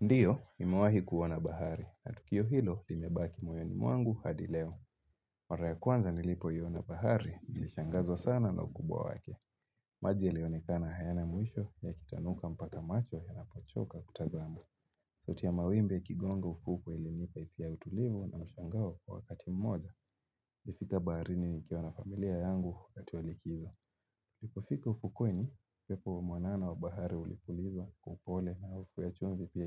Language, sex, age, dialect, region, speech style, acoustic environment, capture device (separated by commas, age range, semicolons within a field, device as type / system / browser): Swahili, male, 18-24, Kenya - Mombasa, Kenya, happy, nature, mobile / Linux / Chrome